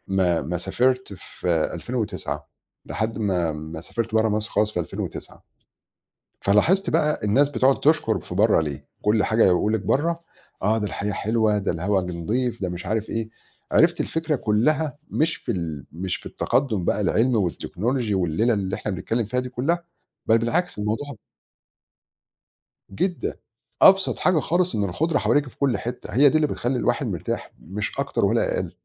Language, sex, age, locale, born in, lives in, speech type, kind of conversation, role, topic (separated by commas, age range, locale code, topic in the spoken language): Arabic, male, 40-44, ar-EG, Egypt, Portugal, conversation, podcast, guest, إزاي الطبيعة بتخلّيك تهدى وتريح نفسياً؟